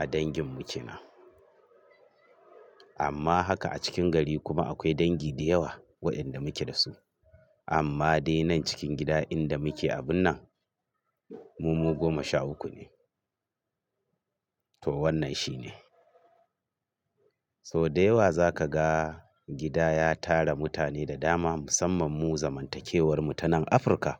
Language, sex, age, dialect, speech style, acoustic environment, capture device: Hausa, male, 45-54, Nigeria - Kano, nervous; excited; focused; stressed; happy; anxious; tired; relaxed, traffic; construction; appliances; people talking; music; nature; silence, mobile / Linux / Chrome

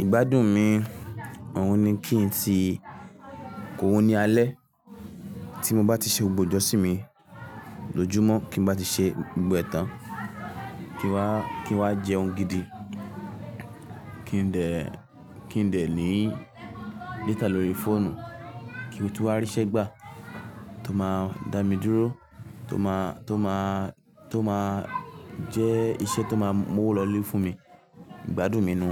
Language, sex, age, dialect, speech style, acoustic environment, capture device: Yoruba, male, 18-24, Nigeria - Lagos Yoruba, relaxed, nature, mobile / Linux / Chrome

